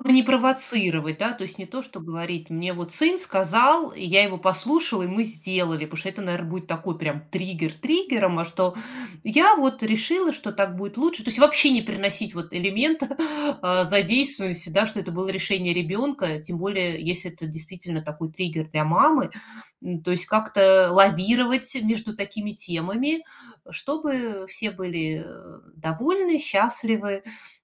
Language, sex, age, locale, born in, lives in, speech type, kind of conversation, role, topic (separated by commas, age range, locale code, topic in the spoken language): Russian, female, 40-44, ru-RU, Russia, United States, conversation, advice, advisor, Как нам прийти к согласию в вопросах дисциплины детей?